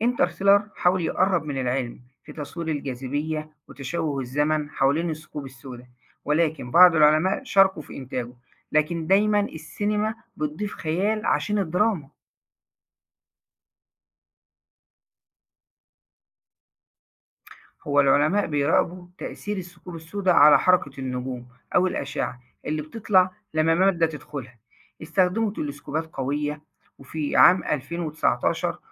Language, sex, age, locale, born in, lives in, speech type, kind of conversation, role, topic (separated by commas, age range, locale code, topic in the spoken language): Arabic, male, 40-44, ar-EG, Egypt, Egypt, read, expert-assistant, expert, ليه الناس عندها فضول كبير عن الثقوب السودا؟ وهل النظرة ليها بتختلف من مجتمع للتاني؟